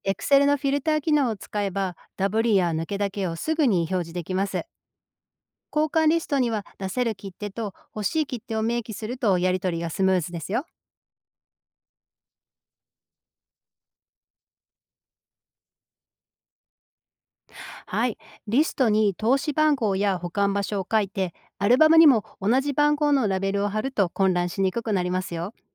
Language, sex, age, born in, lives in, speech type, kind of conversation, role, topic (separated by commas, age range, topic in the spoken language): Japanese, female, 45-49, Japan, United States, read, expert-assistant, expert, コレクションを長く続けるための記録や整理の工夫、効率的な管理方法は？